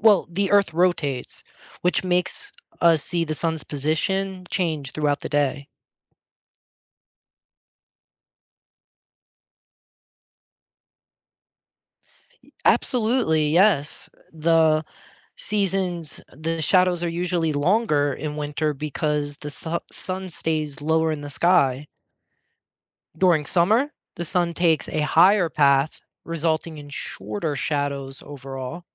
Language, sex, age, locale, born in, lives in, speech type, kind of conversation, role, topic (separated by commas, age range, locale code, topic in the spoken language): English, male, 40-44, en-US, United States, United States, read, expert-assistant, expert, What makes shadows grow longer?